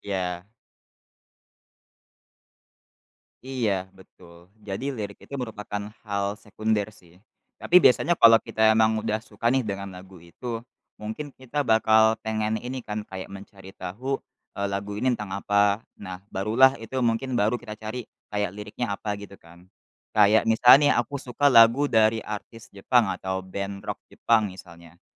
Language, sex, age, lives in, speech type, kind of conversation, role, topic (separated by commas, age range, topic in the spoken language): Indonesian, male, 20-24, Indonesia, conversation, podcast, guest, Menurut kamu, apa yang membuat sebuah lagu mudah melekat di kepala?